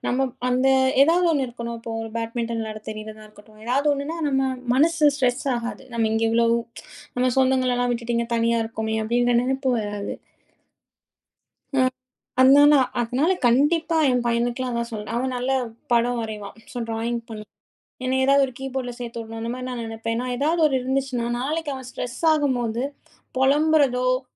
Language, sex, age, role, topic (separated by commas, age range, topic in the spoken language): Tamil, female, 30-34, guest, பழைய பொழுதுபோக்குகளை மீண்டும் தொடங்குவது உடல்நலத்துக்கும் மனநலத்துக்கும் எப்படி உதவுகிறது?